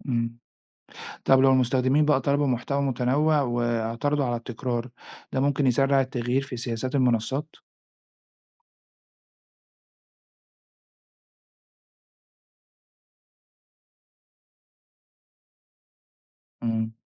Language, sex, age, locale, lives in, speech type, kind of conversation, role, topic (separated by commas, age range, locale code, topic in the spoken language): Arabic, male, 40-44, ar-EG, Italy, read, expert-assistant, asker, هل فعلاً في ناس بتغير رأيها السياسي بسبب تأثير السوشيال ميديا، ولا الموضوع مبالغ فيه؟